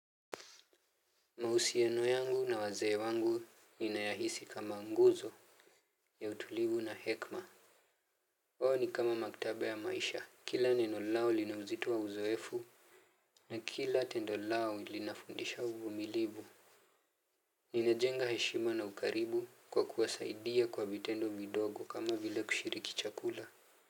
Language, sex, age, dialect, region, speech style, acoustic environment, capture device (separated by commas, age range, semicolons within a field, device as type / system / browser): Swahili, male, 18-24, Kenya - Nairobi Sheng-influenced, Kenya, happy; relaxed, nature, mobile / Linux / Chrome